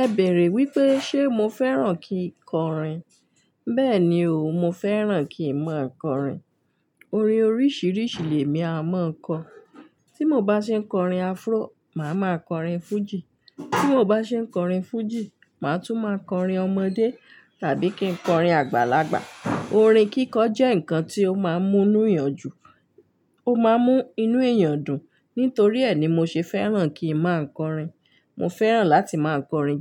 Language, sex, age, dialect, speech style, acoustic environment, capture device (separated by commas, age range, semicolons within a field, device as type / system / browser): Yoruba, female, 35-44, Nigeria - Ibadan, relaxed, nature, mobile / Linux / Chrome